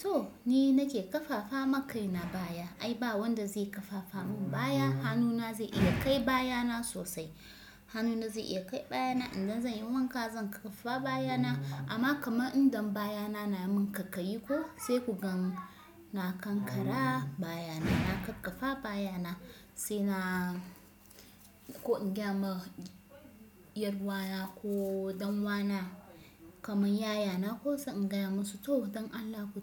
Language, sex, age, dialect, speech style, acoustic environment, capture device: Hausa, female, 25-34, Nigeria - Kano, relaxed, silence, desktop / Windows / Chrome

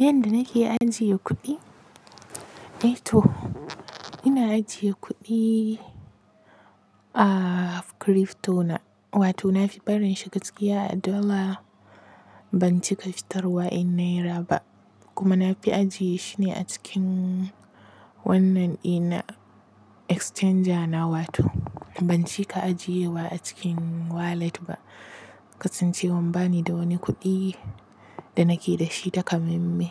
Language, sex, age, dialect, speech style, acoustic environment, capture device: Hausa, female, 25-34, Nigeria - Kano, relaxed, silence, mobile / Linux / Chrome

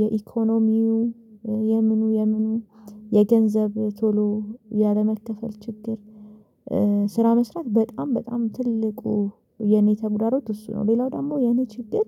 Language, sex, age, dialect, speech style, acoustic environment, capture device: Amharic, female, 25-34, Ethiopia - Addis Ababa, focused; stressed, silence, mobile / Linux / Chrome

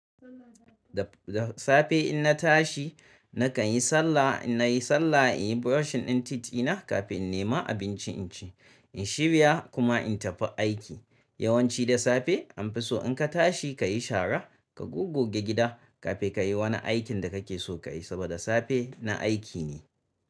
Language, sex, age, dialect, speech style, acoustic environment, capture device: Hausa, male, 35-44, Nigeria - Kano, nervous, silence, mobile / Linux / Chrome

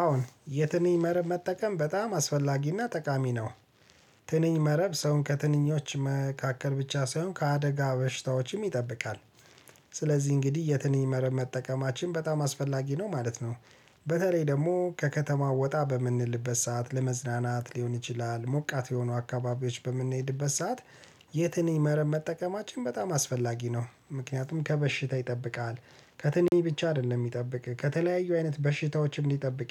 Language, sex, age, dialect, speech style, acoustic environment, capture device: Amharic, male, 35-44, Ethiopia - Addis Ababa, relaxed; focused, nature, mobile / Linux / Chrome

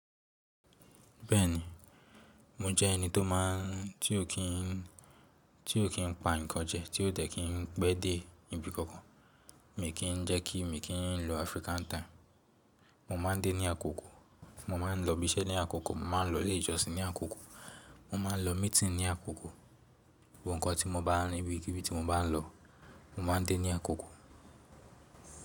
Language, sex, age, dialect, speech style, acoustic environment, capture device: Yoruba, male, 18-24, Nigeria - Lagos Yoruba, relaxed, silence; people talking, mobile / Linux / Chrome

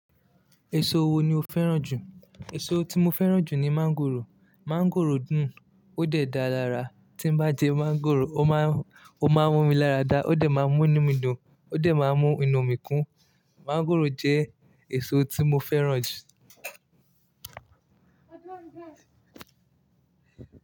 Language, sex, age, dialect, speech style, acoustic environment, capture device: Yoruba, male, 25-34, Nigeria - Lagos Yoruba, relaxed, nature, mobile / Linux / Chrome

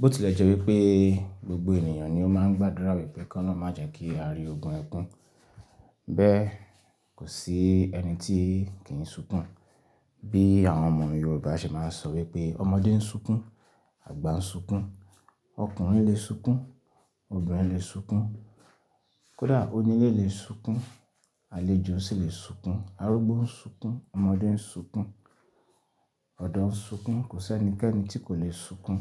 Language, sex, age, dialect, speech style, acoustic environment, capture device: Yoruba, male, 25-34, Nigeria - Ibadan, relaxed; excited; focused; happy, nature; silence, desktop / Linux / Chrome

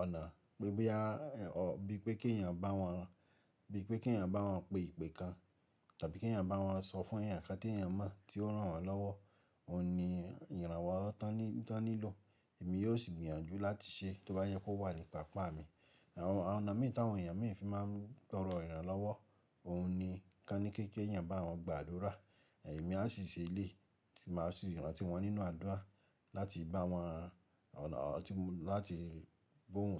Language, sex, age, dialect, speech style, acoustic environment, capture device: Yoruba, male, 25-34, Nigeria - Ibadan, focused, nature, mobile / Linux / Chrome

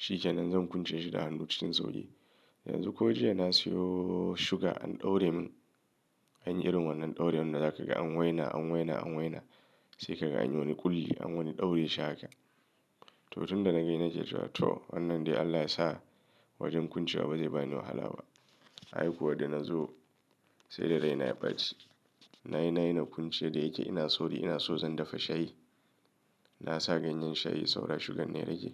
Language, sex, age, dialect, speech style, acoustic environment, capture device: Hausa, male, 35-44, Nigeria - Kano, nervous; excited; stressed; anxious, nature, mobile / Linux / Chrome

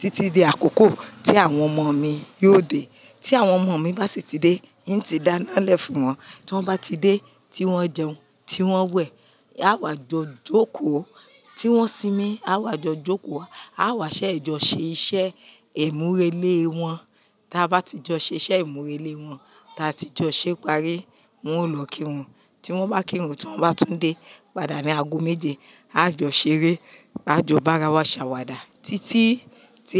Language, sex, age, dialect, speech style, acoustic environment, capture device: Yoruba, female, 45-54, Nigeria - Nigerian Standard, happy, silence, mobile / Linux / Chrome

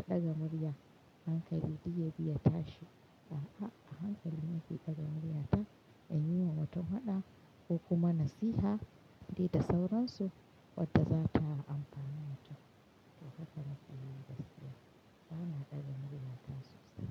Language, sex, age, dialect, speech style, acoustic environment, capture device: Hausa, female, 35-44, Nigeria - Katsina, happy, silence, mobile / Linux / Chrome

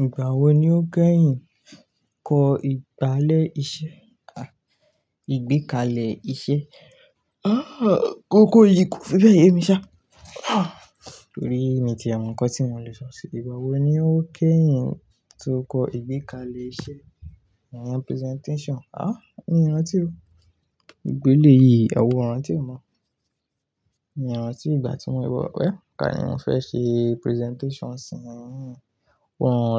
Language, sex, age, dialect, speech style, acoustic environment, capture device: Yoruba, male, 18-24, Nigeria - Ibadan, focused; relaxed, nature, desktop / Linux / Chrome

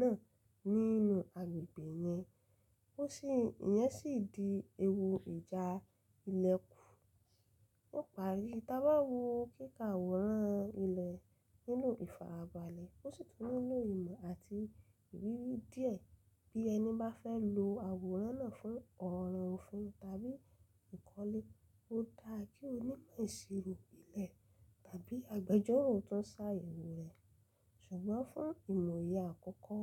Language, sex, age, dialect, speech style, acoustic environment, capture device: Yoruba, female, 25-34, Nigeria - Lagos Yoruba, happy; relaxed; excited, silence, mobile / Linux / Chrome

